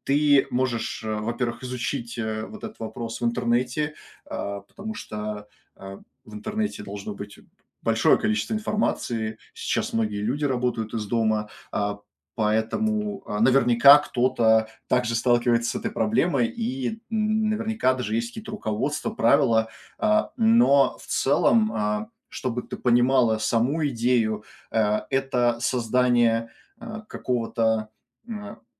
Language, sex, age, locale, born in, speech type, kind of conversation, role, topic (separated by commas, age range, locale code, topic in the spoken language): Russian, male, 25-29, ru-RU, Russia, conversation, advice, advisor, Почему мне трудно расслабиться дома и полноценно отдохнуть, даже когда у меня есть свободное время?